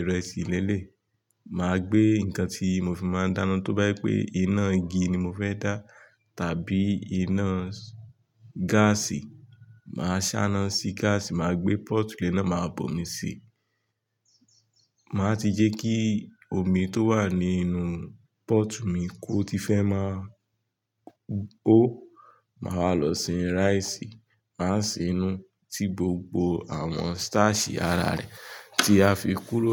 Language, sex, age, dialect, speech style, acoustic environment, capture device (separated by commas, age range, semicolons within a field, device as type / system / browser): Yoruba, male, 25-34, Nigeria - Nigerian Standard, tired, nature; music; people talking; traffic; construction, mobile / Linux / Chrome